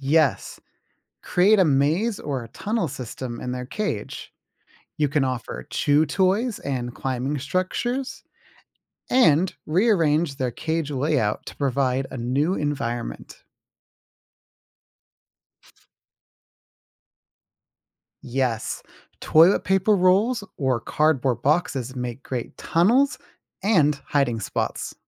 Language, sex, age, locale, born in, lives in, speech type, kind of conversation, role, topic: English, male, 20-24, en-US, United States, United States, read, expert-assistant, expert, How do I provide enrichment for my pet in the winter?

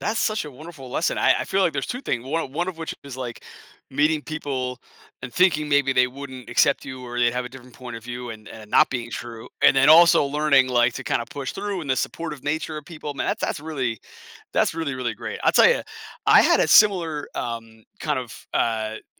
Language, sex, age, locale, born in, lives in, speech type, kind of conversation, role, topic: English, male, 40-44, en-US, United States, United States, conversation, podcast, host, How have unexpected moments during travel shaped your experiences or outlook?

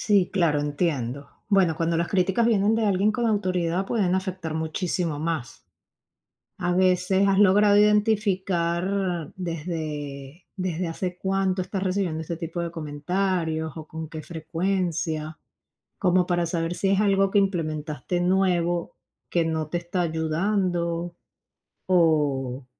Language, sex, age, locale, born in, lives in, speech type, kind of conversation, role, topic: Spanish, female, 35-39, es-VE, Venezuela, United States, conversation, advice, advisor, ¿Cómo puedo manejar el bloqueo que siento después de recibir críticas negativas durante mucho tiempo?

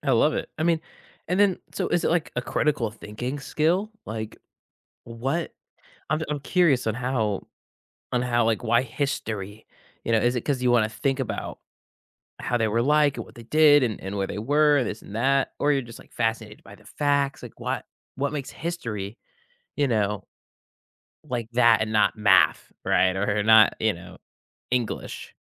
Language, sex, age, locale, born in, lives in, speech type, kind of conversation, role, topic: English, male, 18-19, en-US, United States, United States, conversation, podcast, host, How did your favorite school subject influence who you are today?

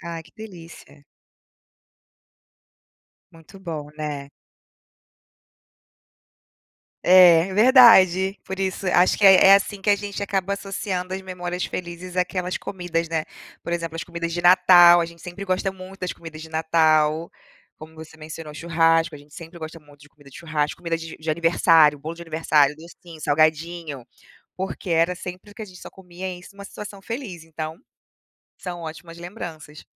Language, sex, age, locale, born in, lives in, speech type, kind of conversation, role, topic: Portuguese, female, 35-39, pt-BR, Brazil, United States, conversation, unstructured, none, Que comida te lembra a infância e por quê?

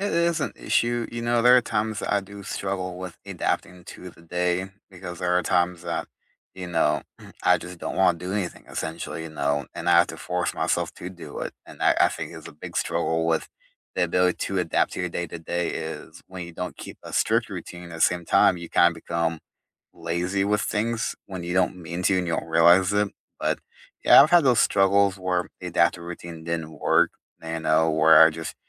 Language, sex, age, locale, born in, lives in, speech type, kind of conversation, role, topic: English, male, 30-34, en-US, United States, United States, conversation, unstructured, none, Should you stick to a strict morning routine every day, or adapt each morning to changing schedules and demands?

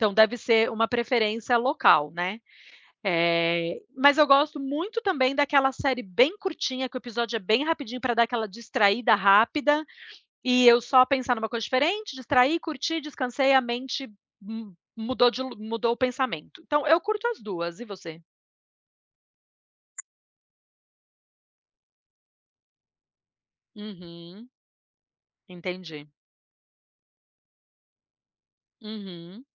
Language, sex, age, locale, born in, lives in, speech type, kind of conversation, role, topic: Portuguese, female, 40-44, pt-BR, Brazil, United States, conversation, unstructured, none, Por que as séries de TV são tão populares atualmente?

